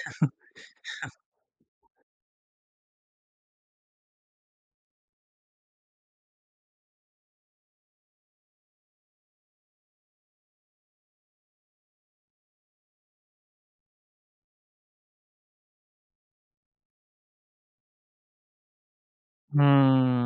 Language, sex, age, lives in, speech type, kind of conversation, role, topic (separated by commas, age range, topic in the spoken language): Hindi, male, 20-24, India, conversation, podcast, host, Bachchon ki padhai aur khel ka time balance kaise manage hota hai?